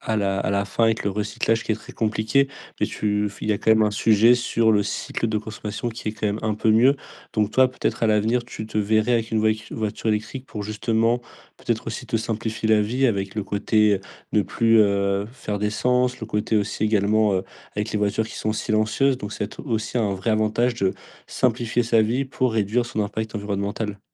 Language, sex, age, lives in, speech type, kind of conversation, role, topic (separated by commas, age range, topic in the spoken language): French, male, 20-24, France, conversation, podcast, host, Comment simplifier sa vie pour réduire son impact environnemental ?